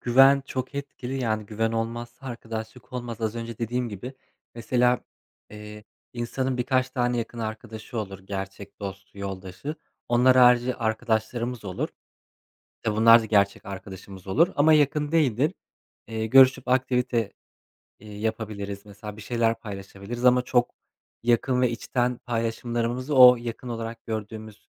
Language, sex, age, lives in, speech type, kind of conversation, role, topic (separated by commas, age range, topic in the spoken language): Turkish, male, 25-29, Poland, conversation, unstructured, none, Senin için gerçek arkadaşlık ne anlama geliyor?